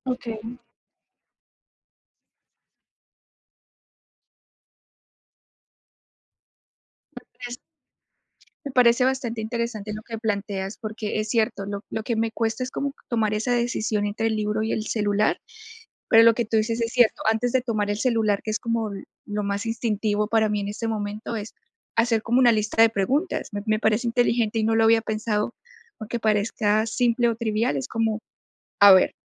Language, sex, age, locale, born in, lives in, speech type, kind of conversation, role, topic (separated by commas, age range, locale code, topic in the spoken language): Spanish, female, 25-29, es-CO, Colombia, United States, conversation, advice, user, ¿Cómo puedo aprovechar el aburrimiento para mejorar mi concentración y trabajar en tareas largas?